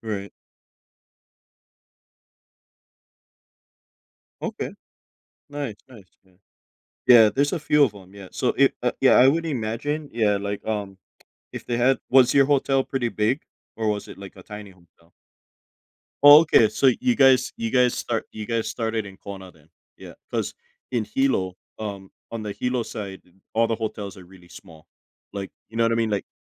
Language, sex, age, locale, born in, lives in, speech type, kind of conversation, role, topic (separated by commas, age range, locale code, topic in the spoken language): English, male, 35-39, en-US, United States, United States, conversation, podcast, host, What helps people move past fear when facing new challenges?